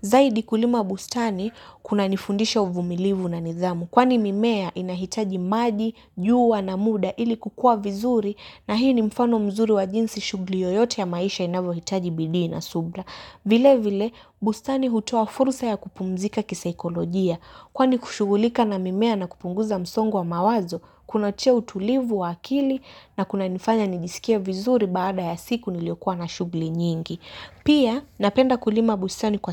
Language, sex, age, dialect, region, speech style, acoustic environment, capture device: Swahili, female, 18-24, Kenya - Mombasa, Kenya, happy; relaxed, nature, mobile / Linux / Chrome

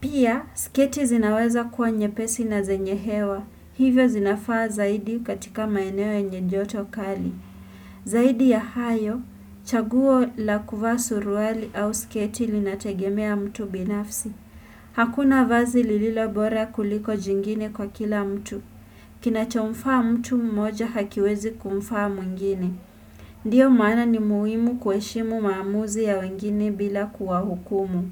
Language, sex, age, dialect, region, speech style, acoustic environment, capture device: Swahili, female, 18-24, Kenya - Nairobi Sheng-influenced, Kenya, anxious; focused; relaxed, nature, desktop / Windows / Chrome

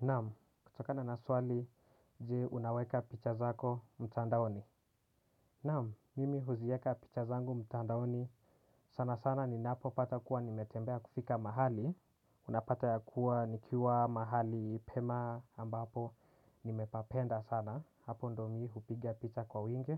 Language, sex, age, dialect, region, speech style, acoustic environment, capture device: Swahili, male, 18-24, Kenya - Nairobi Sheng-influenced, Kenya, relaxed, silence, desktop / Windows / Chrome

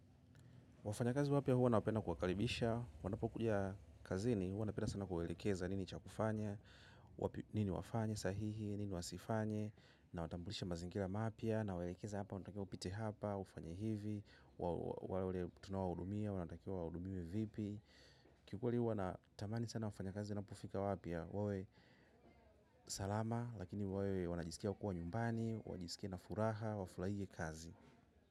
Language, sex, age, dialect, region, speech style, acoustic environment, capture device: Swahili, male, 25-34, Tanzania - Dar es Salaam, Tanzania, happy, silence, mobile / macOS / Safari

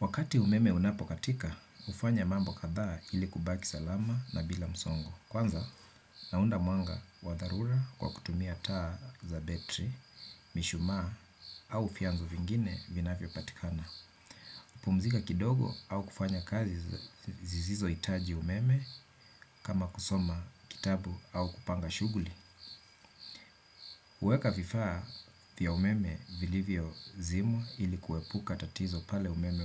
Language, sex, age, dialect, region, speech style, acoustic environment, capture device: Swahili, male, 35-44, Kenya - Nairobi Sheng-influenced, Kenya, relaxed, nature, desktop / Windows / Chrome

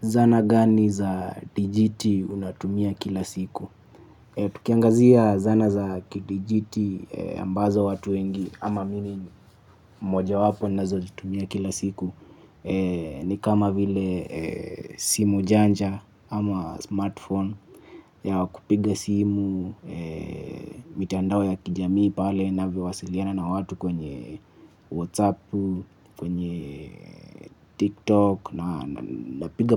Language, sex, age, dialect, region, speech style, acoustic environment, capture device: Swahili, male, 25-34, Kenya - Mombasa, Kenya, focused, nature, mobile / Linux / Chrome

